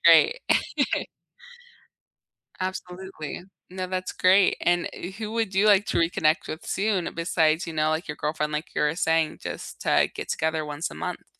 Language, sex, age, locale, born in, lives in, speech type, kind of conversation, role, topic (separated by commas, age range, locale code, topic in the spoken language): English, female, 20-24, en-US, United States, United States, conversation, unstructured, none, How do you keep connections alive, from handwritten letters to today's DMs?